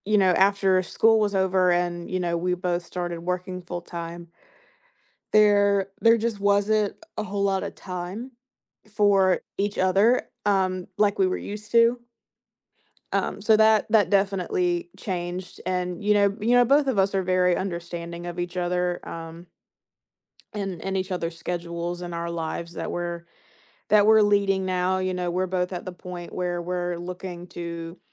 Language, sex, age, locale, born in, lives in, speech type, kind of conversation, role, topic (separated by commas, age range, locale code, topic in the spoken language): English, female, 20-24, en-US, United States, United States, conversation, podcast, guest, What factors shape the way our friendships grow and evolve throughout life?